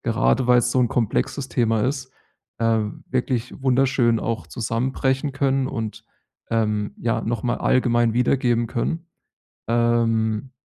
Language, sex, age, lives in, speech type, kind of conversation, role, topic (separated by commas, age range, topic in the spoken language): German, male, 30-34, Germany, conversation, podcast, guest, Wie gebt ihr bei euch zu Hause Werte an die nächste Generation weiter?